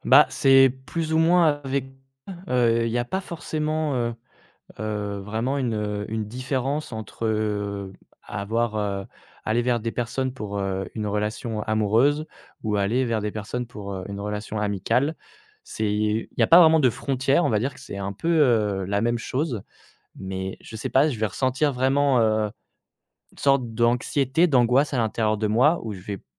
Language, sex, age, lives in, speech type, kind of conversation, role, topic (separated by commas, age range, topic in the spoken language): French, male, 25-29, France, conversation, advice, user, Comment puis-je arrêter d’éviter de rencontrer des gens par peur du rejet ?